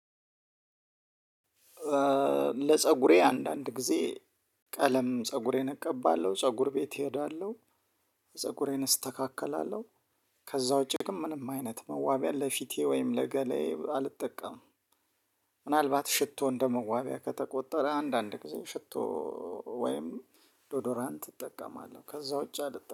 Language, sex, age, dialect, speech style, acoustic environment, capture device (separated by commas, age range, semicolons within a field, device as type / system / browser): Amharic, male, 35-44, Ethiopia - Addis Ababa, excited, silence, mobile / Linux / Chrome